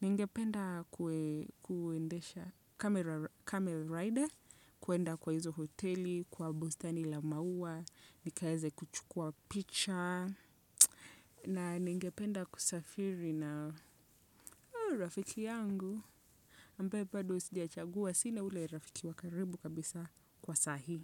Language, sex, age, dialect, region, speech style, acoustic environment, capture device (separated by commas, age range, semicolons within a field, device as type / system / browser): Swahili, female, 25-34, Kenya - Nairobi Sheng-influenced, Kenya, relaxed, silence, desktop / Windows / Chrome